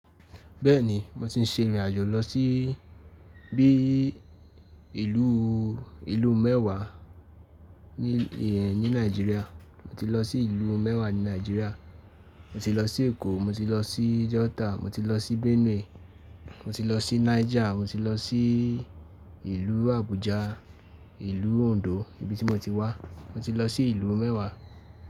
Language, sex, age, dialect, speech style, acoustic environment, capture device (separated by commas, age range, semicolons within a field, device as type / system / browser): Yoruba, male, 18-24, Nigeria - Ibadan, relaxed, silence, mobile / Linux / Chrome